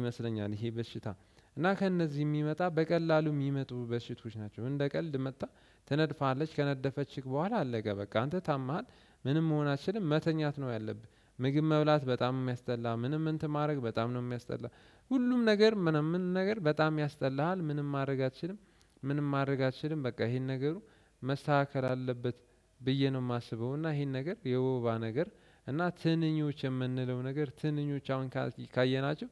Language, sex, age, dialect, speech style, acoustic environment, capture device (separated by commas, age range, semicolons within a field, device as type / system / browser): Amharic, male, 18-24, Ethiopia - Addis Ababa, relaxed; focused, silence; nature, desktop / Windows / Chrome